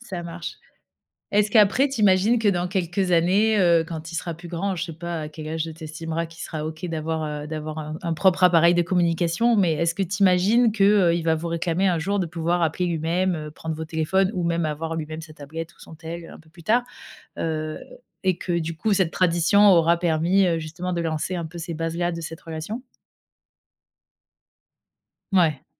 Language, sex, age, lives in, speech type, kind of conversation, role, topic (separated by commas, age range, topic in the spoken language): French, female, 35-39, France, conversation, podcast, host, Quelle tradition vous réunit malgré la distance ?